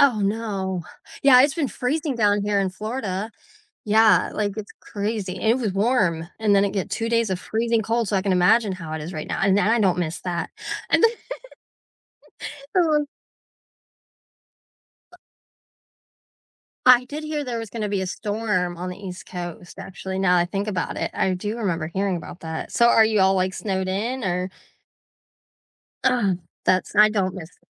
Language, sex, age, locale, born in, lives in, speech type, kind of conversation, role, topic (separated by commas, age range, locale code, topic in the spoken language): English, female, 35-39, en-US, United States, United States, conversation, unstructured, none, How has travel changed the way you see the world?